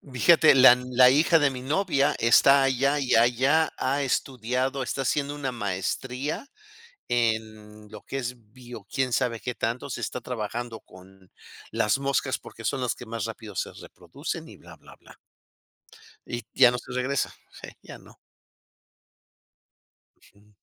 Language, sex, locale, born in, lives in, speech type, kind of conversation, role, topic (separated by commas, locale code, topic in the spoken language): Spanish, male, es-MX, Mexico, United States, conversation, unstructured, none, ¿Cómo te sientes cuando alguien critica tu cultura o tu religión?